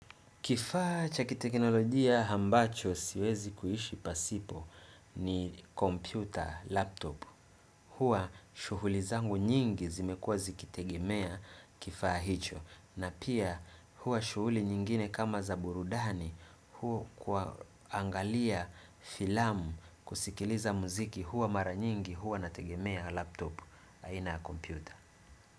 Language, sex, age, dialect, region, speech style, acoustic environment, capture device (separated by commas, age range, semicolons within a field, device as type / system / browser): Swahili, male, 25-34, Tanzania - Dar es Salaam, Tanzania, relaxed, nature, desktop / Windows / Chrome